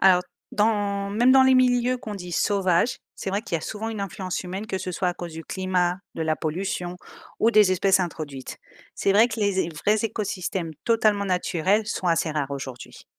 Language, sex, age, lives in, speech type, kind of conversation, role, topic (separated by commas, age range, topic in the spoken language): French, female, 35-39, Spain, read, expert-assistant, expert, Quand on parle d’écosystèmes, on inclut quoi exactement ? Les humains en font-ils partie ou pas ?